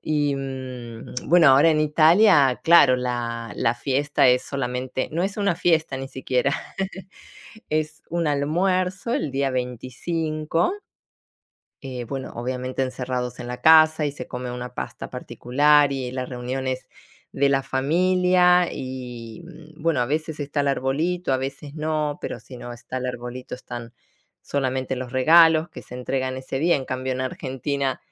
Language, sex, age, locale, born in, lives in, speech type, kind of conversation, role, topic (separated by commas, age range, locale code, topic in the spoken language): Spanish, female, 45-49, es-AR, Argentina, Italy, conversation, unstructured, none, ¿Has participado en alguna tradición cultural que no sea la tuya?